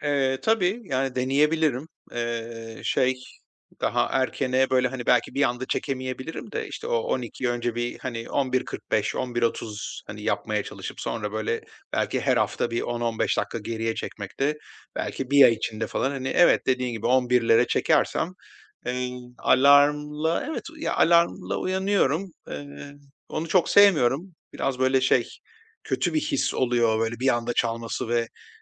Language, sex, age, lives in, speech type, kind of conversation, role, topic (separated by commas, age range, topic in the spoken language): Turkish, male, 45-49, Spain, conversation, advice, user, Kısa ve etkili sabah alışkanlıklarına nereden başlamalıyım?